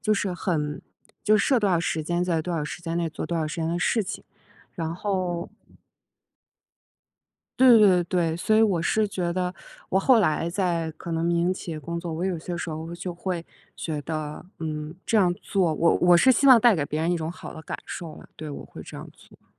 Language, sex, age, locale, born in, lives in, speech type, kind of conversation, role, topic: Chinese, female, 35-39, zh-CN, China, United States, conversation, podcast, guest, 你如何看待“必须马上回复”的社交压力？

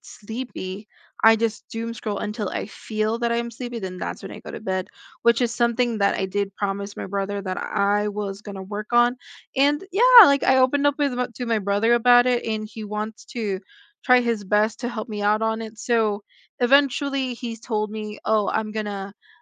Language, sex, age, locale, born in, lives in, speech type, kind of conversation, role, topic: English, female, 20-24, en-US, Philippines, United States, conversation, unstructured, none, How do you decide which memories to keep and which to let fade?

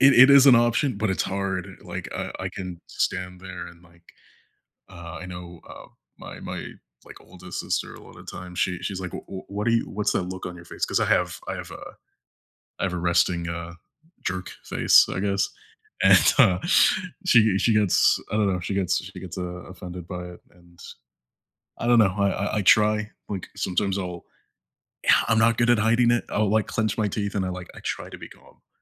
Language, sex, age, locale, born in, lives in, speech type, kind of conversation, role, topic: English, male, 25-29, en-US, United States, United States, conversation, unstructured, none, What do you do when family disagreements start to feel overwhelming?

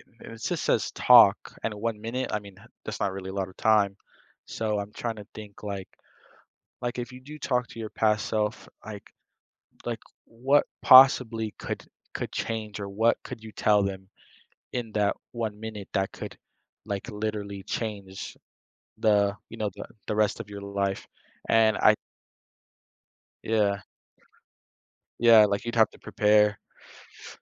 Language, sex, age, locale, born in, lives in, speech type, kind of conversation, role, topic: English, male, 18-19, en-US, United States, United States, conversation, unstructured, none, Would you rather have a one-minute conversation with your future self or your past self?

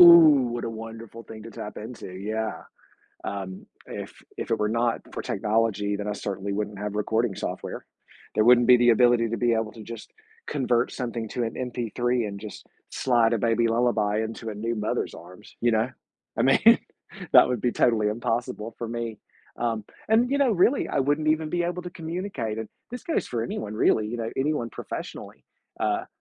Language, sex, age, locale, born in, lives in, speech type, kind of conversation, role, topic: English, male, 45-49, en-US, United States, United States, conversation, podcast, guest, In what ways has technology shaped our relationships and the way we connect with others?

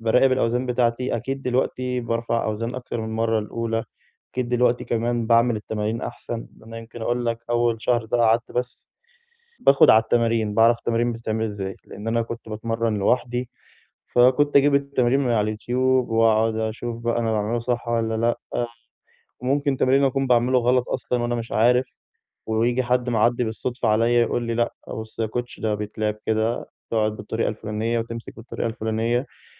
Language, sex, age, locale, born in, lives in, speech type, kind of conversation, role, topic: Arabic, male, 20-24, ar-EG, Egypt, Egypt, conversation, advice, user, إزاي أفضل ملتزم بالتمرين لما التقدم يبقى بطيّء؟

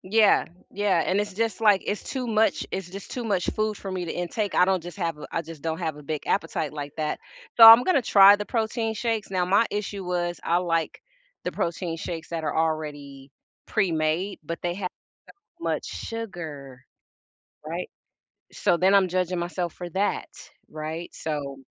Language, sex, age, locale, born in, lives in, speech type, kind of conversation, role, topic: English, female, 50-54, en-US, United States, United States, conversation, unstructured, none, How should I respond when people judge me for what I eat?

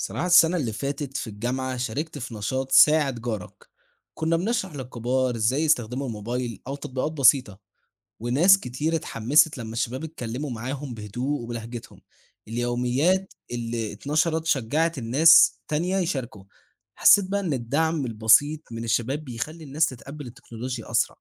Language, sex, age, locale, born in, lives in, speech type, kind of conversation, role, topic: Arabic, male, 18-19, ar-EG, Egypt, Egypt, read, expert-assistant, asker, لو فكرت تدمج بين التكنولوجيا والعادات المصرية، إزاي ممكن نحسّن صحة الناس؟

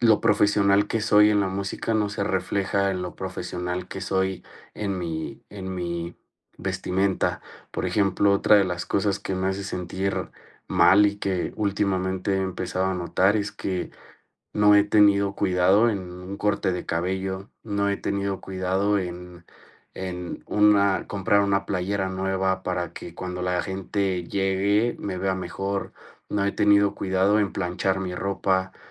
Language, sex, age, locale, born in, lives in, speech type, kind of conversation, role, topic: Spanish, male, 30-34, es-MX, Mexico, Mexico, conversation, advice, user, ¿Cómo puedo mejorar mi autoestima y sentirme bien conmigo mismo?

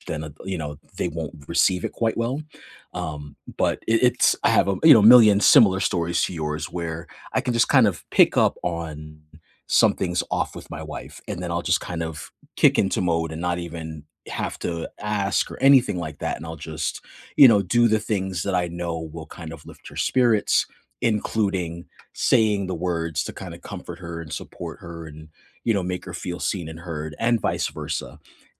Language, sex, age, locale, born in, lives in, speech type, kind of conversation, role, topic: English, male, 40-44, en-US, United States, United States, conversation, unstructured, none, How do you prefer to give and receive care, and what do your love languages reveal about how to feel supported together?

